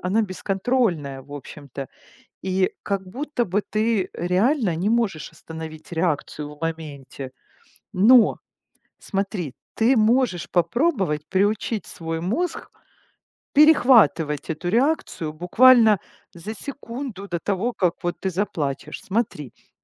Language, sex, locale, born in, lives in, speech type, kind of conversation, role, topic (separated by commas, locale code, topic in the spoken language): Russian, female, ru-RU, Ukraine, Italy, conversation, advice, advisor, Почему мне сложно сдерживать слёзы и эмоции в неподходящие моменты?